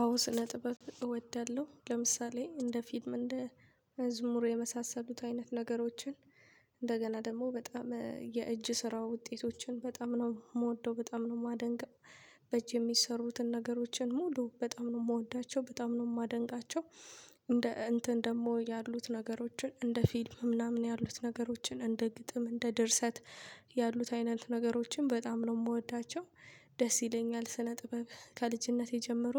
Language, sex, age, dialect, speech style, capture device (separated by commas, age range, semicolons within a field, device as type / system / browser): Amharic, female, 25-34, Ethiopia - Addis Ababa, happy, mobile / Linux / Chrome